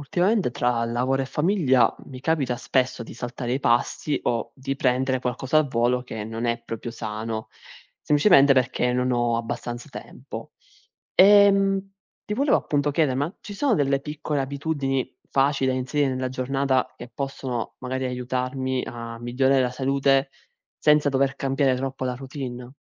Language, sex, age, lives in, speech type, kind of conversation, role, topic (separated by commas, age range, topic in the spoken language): Italian, male, 20-24, Italy, read, expert-assistant, asker, Come si può mangiare sano quando si ha poco tempo?